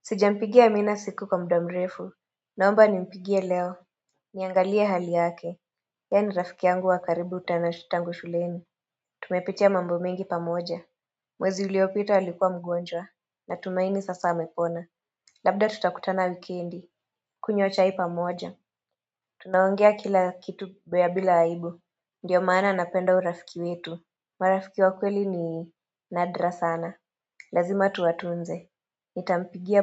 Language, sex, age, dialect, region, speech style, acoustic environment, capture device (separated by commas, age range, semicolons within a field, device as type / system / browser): Swahili, female, 25-34, Kenya - Nairobi Sheng-influenced, Kenya, relaxed, silence, mobile / Android / Chrome